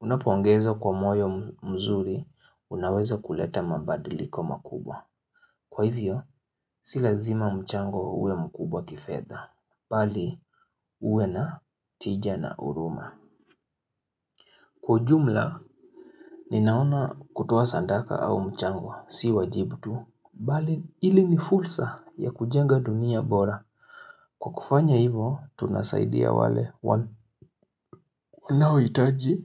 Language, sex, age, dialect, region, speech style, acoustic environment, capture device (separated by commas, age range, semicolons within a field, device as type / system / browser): Swahili, male, 25-34, Kenya - Nairobi Sheng-influenced, Kenya, happy; excited; focused, silence, mobile / Linux / Chrome